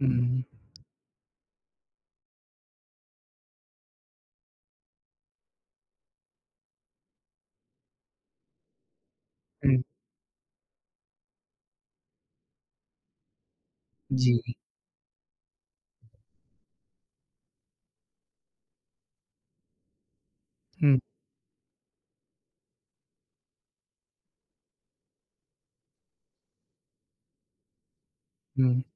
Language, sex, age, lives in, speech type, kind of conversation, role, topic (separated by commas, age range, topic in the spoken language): Hindi, male, 20-24, India, conversation, podcast, host, Kya aapke teachers ya mentors ne kabhi aapko failure se nikalne mein guide kiya hai, aur kaise?